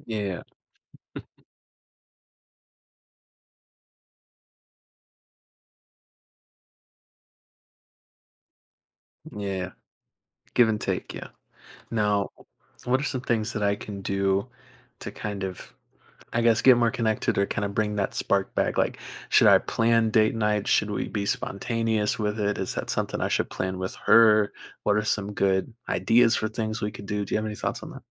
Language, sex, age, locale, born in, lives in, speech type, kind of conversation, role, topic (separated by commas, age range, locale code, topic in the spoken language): English, male, 20-24, en-US, United States, United States, conversation, advice, user, How can I express my love to my partner?